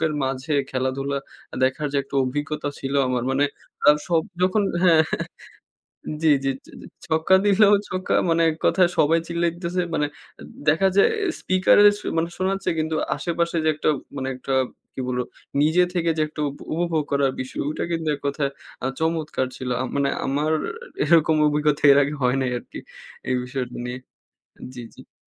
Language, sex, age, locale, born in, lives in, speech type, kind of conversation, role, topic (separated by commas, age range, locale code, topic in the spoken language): Bengali, male, 20-24, bn-BD, Bangladesh, Bangladesh, conversation, podcast, guest, লাইভ স্ট্রিমিং দেখার চেয়ে সরাসরি গিয়ে দেখলে কেন অভিজ্ঞতাটা আলাদা লাগে?